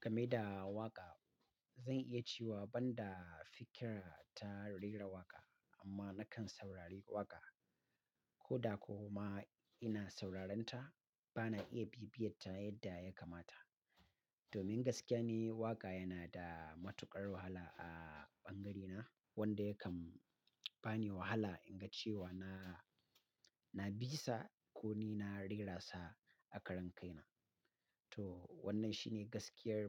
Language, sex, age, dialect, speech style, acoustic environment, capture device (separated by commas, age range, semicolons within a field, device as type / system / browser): Hausa, male, 35-44, Nigeria - Katsina, happy; relaxed; excited; focused, silence, mobile / Linux / Chrome